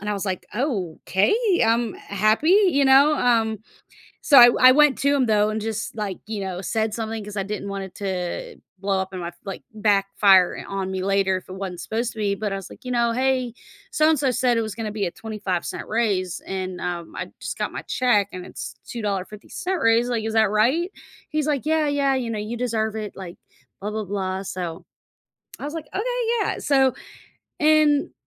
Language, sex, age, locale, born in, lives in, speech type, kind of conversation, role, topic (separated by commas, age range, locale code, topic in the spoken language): English, female, 35-39, en-US, United States, United States, conversation, podcast, guest, What important lesson did you only learn after starting your career?